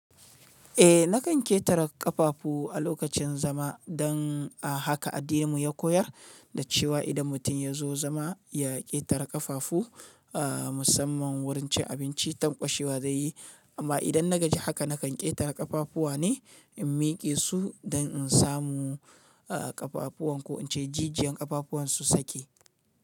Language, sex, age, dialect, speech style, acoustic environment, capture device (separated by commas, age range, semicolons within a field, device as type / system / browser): Hausa, male, 35-44, Nigeria - Kano, happy; relaxed; excited, silence; nature, mobile / Linux / Chrome